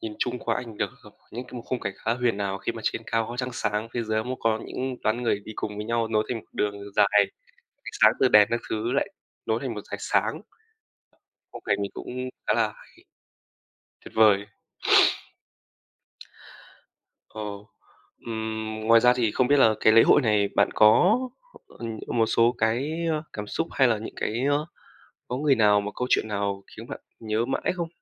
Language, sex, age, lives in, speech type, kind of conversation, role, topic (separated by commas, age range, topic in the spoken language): Vietnamese, male, 20-24, Vietnam, conversation, podcast, host, Bạn có thể kể về một lễ hội địa phương mà bạn từng tham gia không?